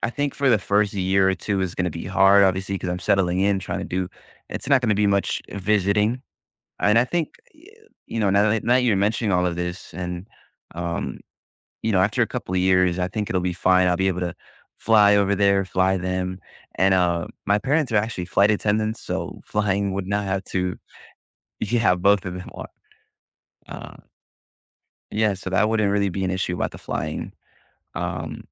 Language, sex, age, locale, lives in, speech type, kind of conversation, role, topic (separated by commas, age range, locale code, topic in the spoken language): English, male, 20-24, en-US, United States, conversation, advice, user, How can I build a social circle and cope with missing my old friends after moving to a new city?